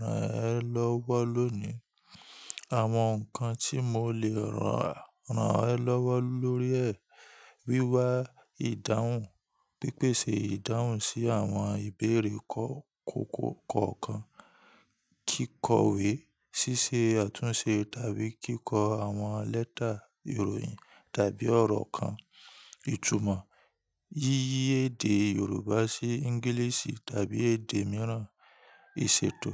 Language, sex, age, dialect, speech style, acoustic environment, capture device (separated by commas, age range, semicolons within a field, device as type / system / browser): Yoruba, male, 25-34, Nigeria - Ibadan, relaxed; happy, nature, mobile / Linux / Chrome